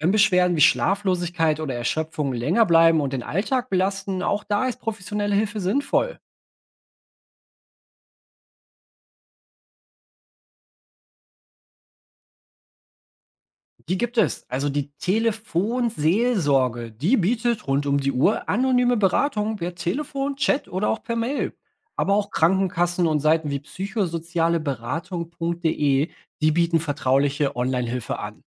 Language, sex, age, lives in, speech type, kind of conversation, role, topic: German, male, 30-34, Germany, read, expert-assistant, expert, Wie finde ich verlässliche Informationen darüber, wie unser Körper auf Stress reagiert?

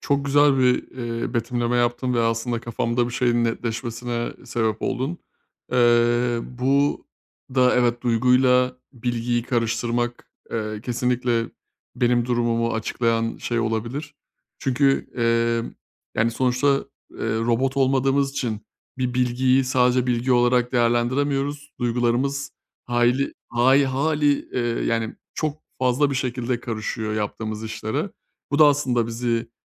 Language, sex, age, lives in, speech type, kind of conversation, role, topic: Turkish, male, 30-34, Bulgaria, conversation, advice, user, Eleştiri alırken bunu sürekli kişisel algılamaktan kendimi nasıl alıkoyabilirim?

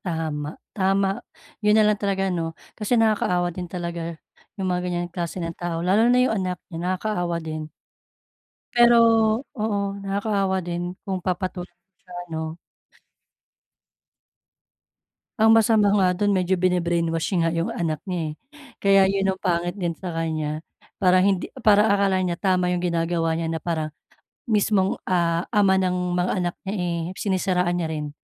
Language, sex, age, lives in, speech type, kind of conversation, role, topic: Filipino, female, 35-39, Philippines, conversation, advice, user, Paano ko mapapamahalaan ang damdamin ko kapag nakakatanggap ako ng kritisismo?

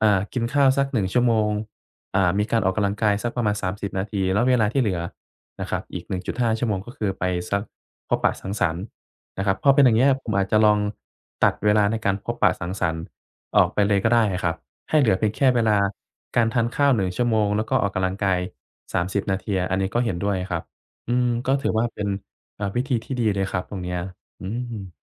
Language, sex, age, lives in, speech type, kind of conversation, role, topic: Thai, male, 25-29, Thailand, conversation, advice, user, จะจัดสรรเวลาและงบประมาณอย่างไรเพื่อทำงานอดิเรก?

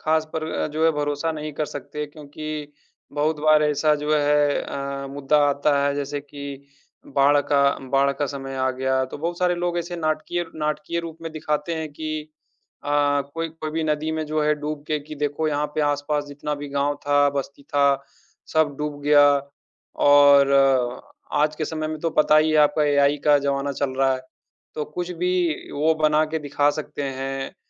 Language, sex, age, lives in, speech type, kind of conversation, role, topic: Hindi, male, 30-34, India, conversation, unstructured, none, आपको क्या लगता है, क्या खबरें हमें अधिक सतर्क बनाती हैं या अधिक डराती हैं?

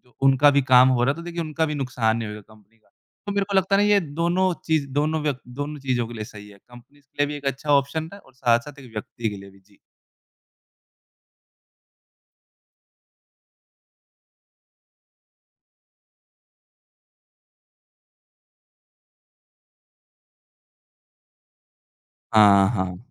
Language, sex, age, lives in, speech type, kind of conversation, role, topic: Hindi, male, 20-24, India, conversation, podcast, guest, घर से काम करने के दौरान तकनीक ने आपकी दिनचर्या को कैसे बदल दिया है?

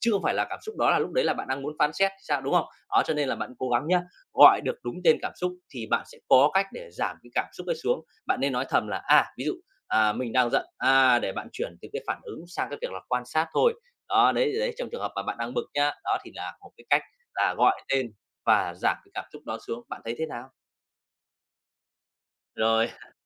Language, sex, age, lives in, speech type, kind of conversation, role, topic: Vietnamese, male, 30-34, Vietnam, conversation, advice, advisor, Làm thế nào để quan sát cảm xúc của mình mà không phán xét khi tôi đang bực mình?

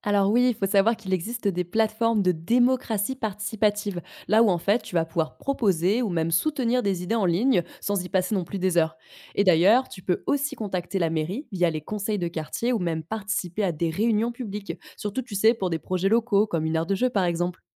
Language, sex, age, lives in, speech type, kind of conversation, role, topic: French, female, 25-29, France, read, expert-assistant, expert, Que puis-je faire concrètement pour participer aux décisions qui influencent ma vie dans ma ville ou mon quartier ?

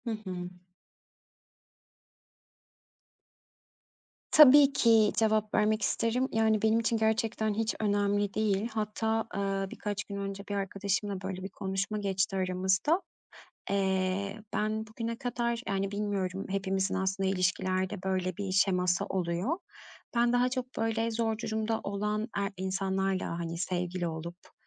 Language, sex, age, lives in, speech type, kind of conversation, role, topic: Turkish, female, 35-39, Greece, conversation, podcast, guest, Evlilik ya da birlikte yaşama kararı alırken en çok neyi önemsersin?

